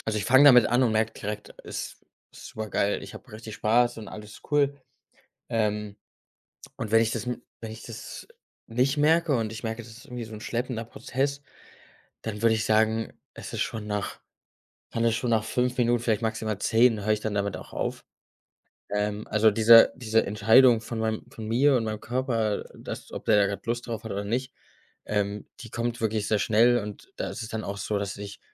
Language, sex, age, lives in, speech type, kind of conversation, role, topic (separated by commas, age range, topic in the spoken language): German, male, 25-29, Germany, conversation, podcast, guest, Wie schützt du deine kreative Zeit im Alltag?